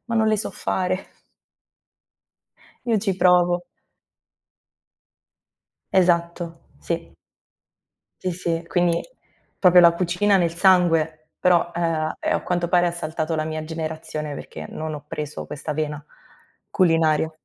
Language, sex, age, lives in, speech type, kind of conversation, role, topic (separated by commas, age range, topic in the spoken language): Italian, female, 25-29, Italy, conversation, unstructured, none, Qual è il ricordo più bello legato al cibo della tua infanzia?